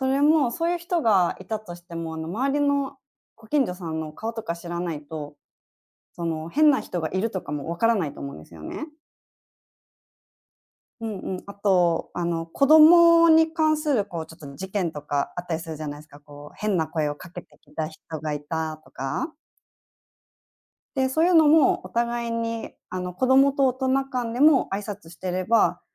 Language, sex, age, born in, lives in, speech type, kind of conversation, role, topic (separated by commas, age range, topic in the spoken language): Japanese, female, 30-34, Japan, Japan, conversation, podcast, guest, ご近所での挨拶はどれくらい大切だと思いますか？